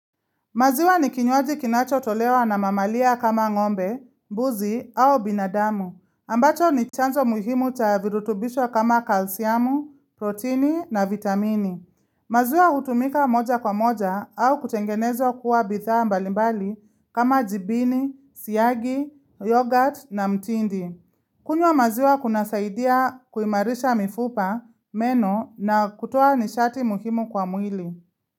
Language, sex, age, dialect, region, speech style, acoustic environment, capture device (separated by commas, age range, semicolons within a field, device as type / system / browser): Swahili, female, 25-34, Kenya - Nairobi Sheng-influenced, Kenya, happy; relaxed; excited, silence, mobile / Linux / Chrome